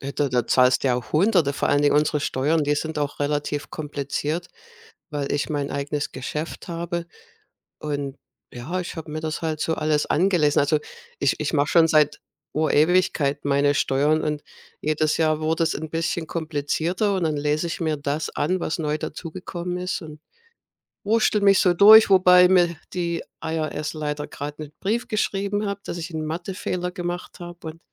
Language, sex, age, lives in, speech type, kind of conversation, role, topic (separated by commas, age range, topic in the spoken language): German, female, 55-59, United States, conversation, unstructured, none, Was schätzt du am meisten an deinem Partner oder deiner Partnerin?